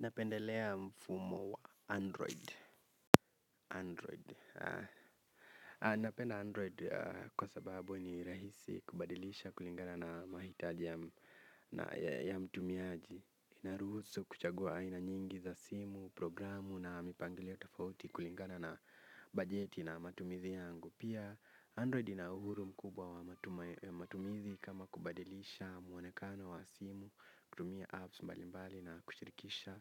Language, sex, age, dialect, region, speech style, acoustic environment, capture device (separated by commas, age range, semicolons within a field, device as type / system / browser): Swahili, male, 18-24, Kenya - Nairobi Sheng-influenced, Kenya, focused, nature; silence, mobile / Linux / Chrome